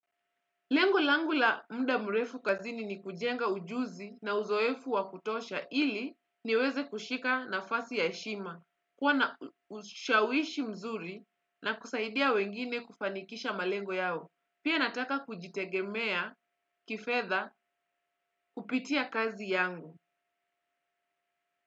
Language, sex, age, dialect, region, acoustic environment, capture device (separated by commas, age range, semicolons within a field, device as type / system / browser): Swahili, female, 25-34, Kenya - Mombasa, Kenya, silence, desktop / Windows / Chrome